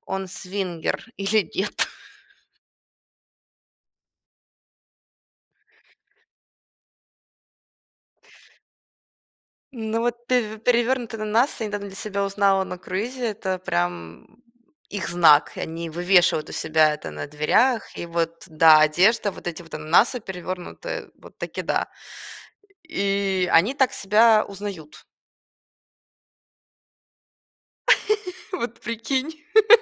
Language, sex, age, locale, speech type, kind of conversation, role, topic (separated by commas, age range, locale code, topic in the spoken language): Russian, female, 25-29, ru-RU, conversation, podcast, guest, Можно ли развить интуицию как навык?